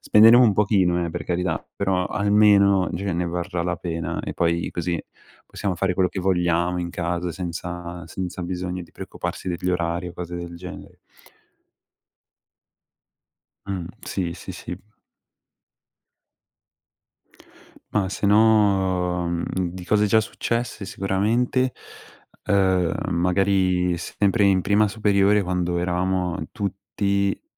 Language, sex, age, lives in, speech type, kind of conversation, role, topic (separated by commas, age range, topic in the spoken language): Italian, male, 18-19, Italy, conversation, unstructured, none, Qual è il tuo miglior ricordo con i tuoi amici?